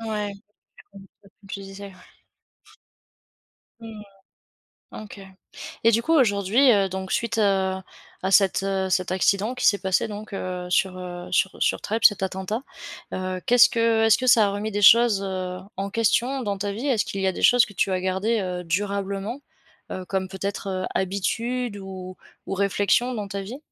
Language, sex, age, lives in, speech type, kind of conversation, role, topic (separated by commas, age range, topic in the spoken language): French, female, 25-29, France, conversation, podcast, host, Peux-tu raconter un retard qui, finalement, t’a évité un problème ?